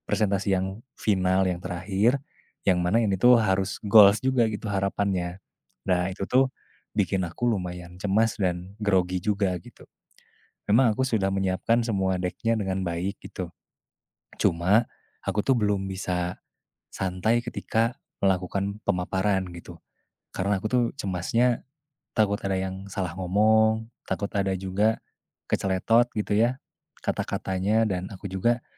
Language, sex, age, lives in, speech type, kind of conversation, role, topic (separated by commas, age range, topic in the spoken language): Indonesian, male, 20-24, Indonesia, conversation, advice, user, Apa yang biasanya membuat Anda merasa cemas sebelum presentasi penting, dan bagaimana Anda mengatasinya?